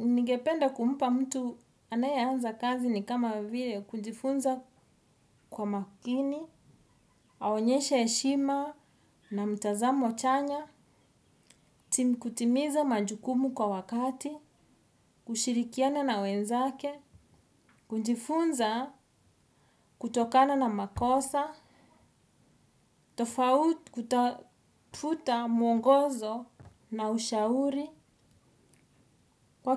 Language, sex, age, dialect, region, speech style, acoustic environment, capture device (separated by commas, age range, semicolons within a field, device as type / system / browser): Swahili, female, 35-44, Kenya - Nairobi Sheng-influenced, Kenya, anxious, music, desktop / Windows / Edge